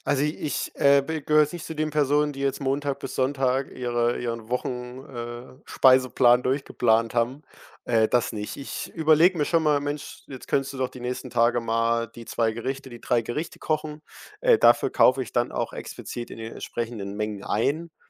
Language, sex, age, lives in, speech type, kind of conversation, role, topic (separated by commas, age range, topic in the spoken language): German, male, 18-19, Germany, conversation, podcast, guest, Was kann man ganz konkret gegen Lebensmittelverschwendung tun?